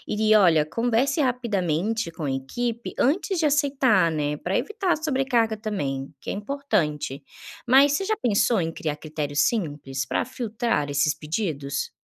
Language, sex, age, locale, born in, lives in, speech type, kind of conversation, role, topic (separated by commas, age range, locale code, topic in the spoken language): Portuguese, female, 25-29, pt-BR, Brazil, Spain, read, expert-assistant, expert, Como equipes pequenas podem priorizar demandas e lidar com mudanças?